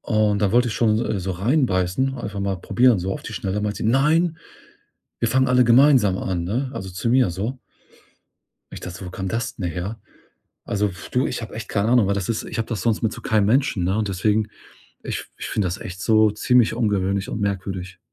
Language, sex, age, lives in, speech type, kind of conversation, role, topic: German, male, 40-44, Germany, conversation, advice, user, Warum geraten wir wegen Kleinigkeiten immer wieder in Streit, der kein klares Ende findet?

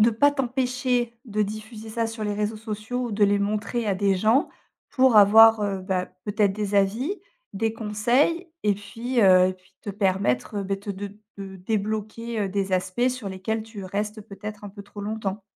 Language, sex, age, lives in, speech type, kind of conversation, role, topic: French, female, 35-39, France, conversation, advice, advisor, Comment le perfectionnisme vous empêche-t-il d’achever vos projets créatifs ?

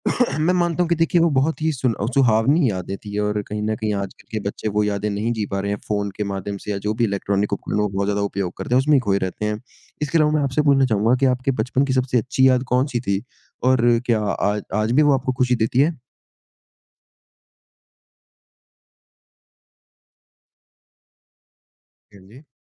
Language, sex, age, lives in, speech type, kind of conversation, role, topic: Hindi, male, 20-24, India, conversation, unstructured, none, आपका बचपन कैसे बीता था?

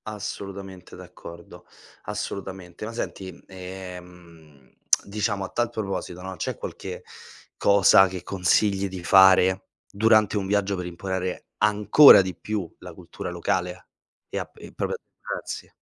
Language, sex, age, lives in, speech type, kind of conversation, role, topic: Italian, male, 25-29, Italy, read, expert-assistant, asker, L’influenza dei viaggi sulla crescita culturale dei giovani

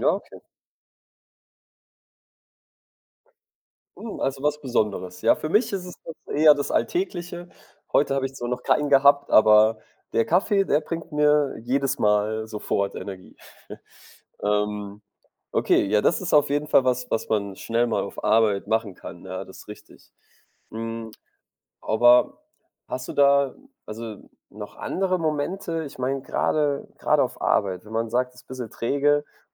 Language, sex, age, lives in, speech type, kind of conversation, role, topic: German, male, 25-29, Germany, conversation, podcast, host, Welche einfachen Dinge geben dir sofort mehr Energie?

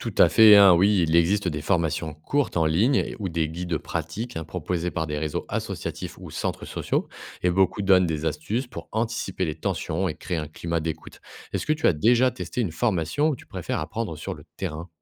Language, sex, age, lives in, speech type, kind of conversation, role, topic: French, male, 30-34, France, read, expert-assistant, expert, Comment imaginer un système où chacun peut donner son avis sur les projets de sa commune ?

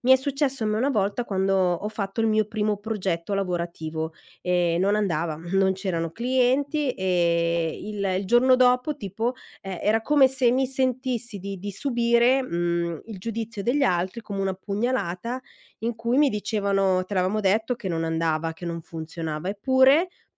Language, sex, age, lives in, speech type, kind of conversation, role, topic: Italian, female, 45-49, Italy, conversation, podcast, guest, Come affronti il giudizio degli altri dopo un fallimento?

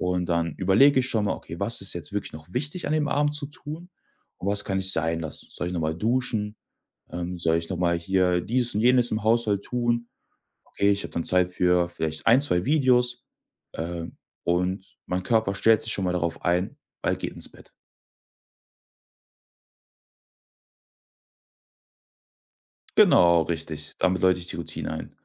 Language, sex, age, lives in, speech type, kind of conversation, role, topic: German, male, 25-29, Germany, conversation, podcast, guest, Wie werden aus kleinen Gewohnheiten große Veränderungen?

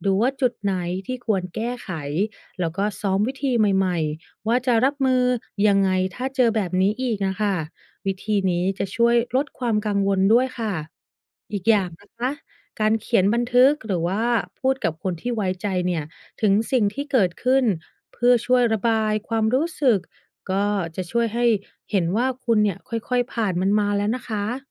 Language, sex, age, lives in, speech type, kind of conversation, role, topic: Thai, female, 30-34, United States, read, expert-assistant, expert, ทำไมเวลามีอาการตกใจถึงพูดไม่ออก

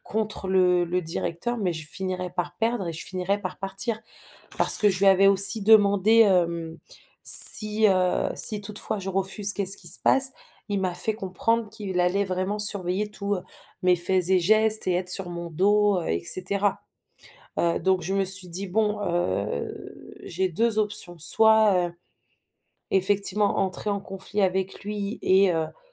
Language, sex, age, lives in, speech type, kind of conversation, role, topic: French, female, 30-34, France, conversation, advice, user, Comment avez-vous vécu une perte soudaine d’emploi ?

